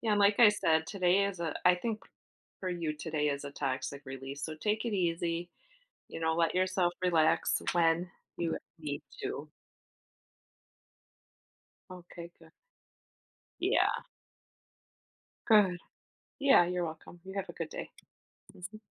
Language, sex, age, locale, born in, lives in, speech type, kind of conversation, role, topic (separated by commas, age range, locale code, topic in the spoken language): English, female, 45-49, en-US, United States, United States, conversation, advice, advisor, How can I set boundaries so my work doesn't take over my personal life?